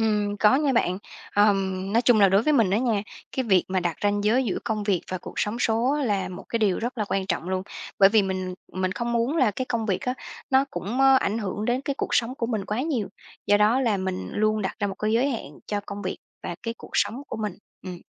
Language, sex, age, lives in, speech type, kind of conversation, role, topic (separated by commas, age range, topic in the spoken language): Vietnamese, female, 30-34, Vietnam, conversation, podcast, guest, Bạn đặt ranh giới giữa công việc và đời sống cá nhân trên không gian mạng như thế nào?